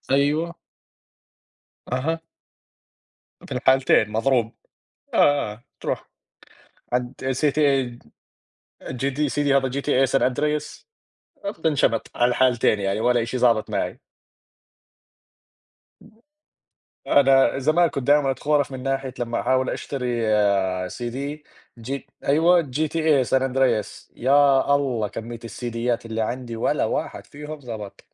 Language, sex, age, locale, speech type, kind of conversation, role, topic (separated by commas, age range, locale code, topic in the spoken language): Arabic, male, 20-24, ar-JO, conversation, unstructured, none, إيه الأغنية اللي بتفكّرك بأوقات سعيدة؟